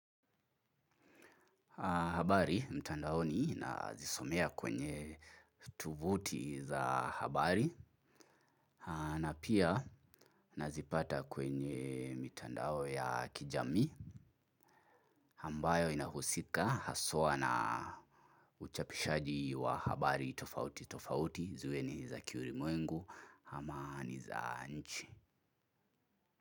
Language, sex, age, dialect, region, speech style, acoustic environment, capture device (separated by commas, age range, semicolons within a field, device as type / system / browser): Swahili, male, 25-34, Kenya - Nairobi Sheng-influenced, Kenya, focused, silence, mobile / Linux / Chrome